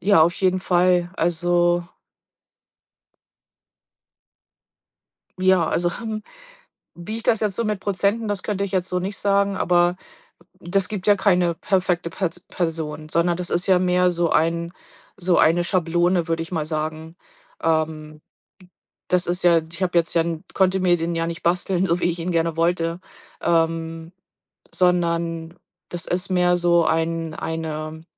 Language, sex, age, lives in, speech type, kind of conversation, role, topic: German, female, 45-49, United States, conversation, podcast, guest, Wie sortierst du Prioritäten, wenn alles wichtig erscheint?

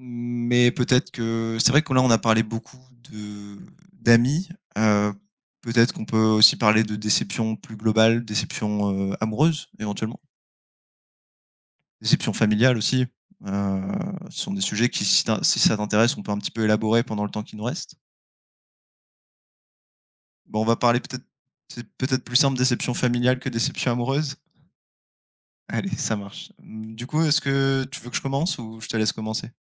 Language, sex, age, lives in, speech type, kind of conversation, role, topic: French, male, 25-29, France, conversation, unstructured, none, Comment réagir lorsqu’un ami vous déçoit profondément ?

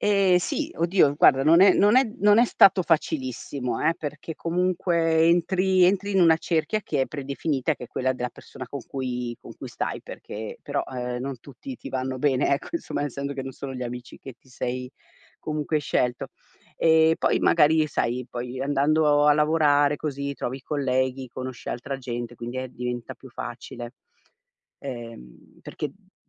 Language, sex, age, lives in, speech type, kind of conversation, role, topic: Italian, female, 50-54, Italy, conversation, podcast, guest, Com’è stato trasferirti fuori da casa dei tuoi genitori?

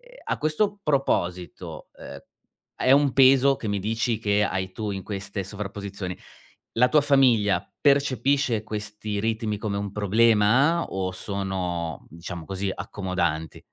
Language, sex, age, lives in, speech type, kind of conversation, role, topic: Italian, male, 40-44, Germany, conversation, advice, advisor, Come posso stabilire le priorità tra lavoro, famiglia e tempo libero?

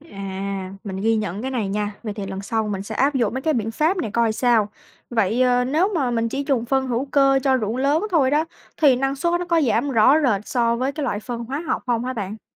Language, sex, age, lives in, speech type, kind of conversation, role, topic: Vietnamese, female, 20-24, United States, read, expert-assistant, asker, Nên chọn phân hữu cơ hay phân hóa học để trồng rau tại nhà an toàn và hiệu quả?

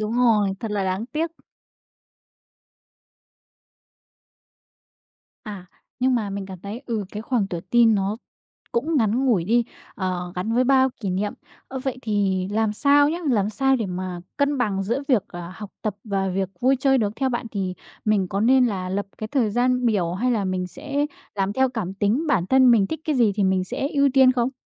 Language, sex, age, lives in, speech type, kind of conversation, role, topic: Vietnamese, female, 20-24, Vietnam, conversation, podcast, host, Bạn sẽ khuyên bản thân tuổi teen điều gì, thật lòng?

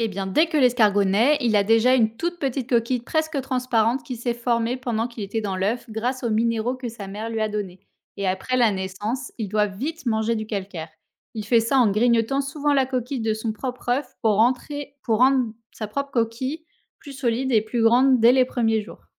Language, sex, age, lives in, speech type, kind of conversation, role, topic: French, female, 30-34, France, read, expert-assistant, expert, Comment les escargots portent-ils leur coquille ?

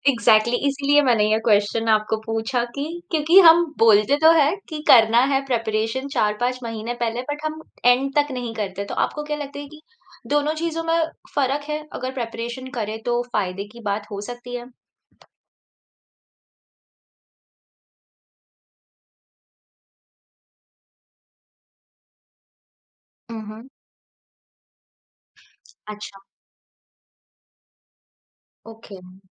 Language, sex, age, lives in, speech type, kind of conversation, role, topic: Hindi, female, 30-34, India, conversation, podcast, host, Exam pressure ko tum kaise handle karte the?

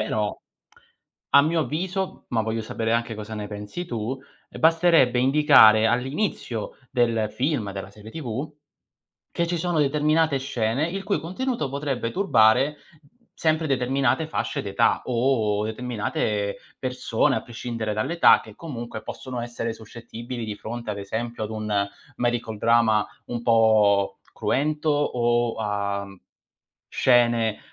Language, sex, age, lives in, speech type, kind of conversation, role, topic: Italian, male, 30-34, Italy, conversation, unstructured, none, Cosa pensi della censura nei film e nelle serie TV?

